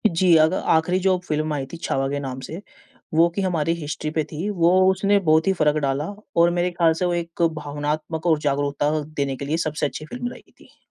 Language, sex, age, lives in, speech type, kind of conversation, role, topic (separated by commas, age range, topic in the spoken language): Hindi, male, 20-24, India, conversation, unstructured, none, किताबें पढ़ना और फिल्में देखना—इन दोनों में से आप क्या चुनेंगे?